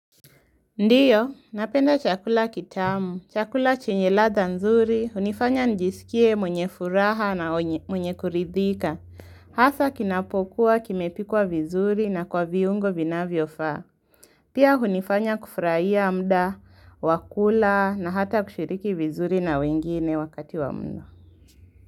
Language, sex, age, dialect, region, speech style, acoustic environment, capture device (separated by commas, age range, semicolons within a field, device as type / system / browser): Swahili, female, 18-24, Kenya - Nairobi Sheng-influenced, Kenya, focused, silence, mobile / Linux / Chrome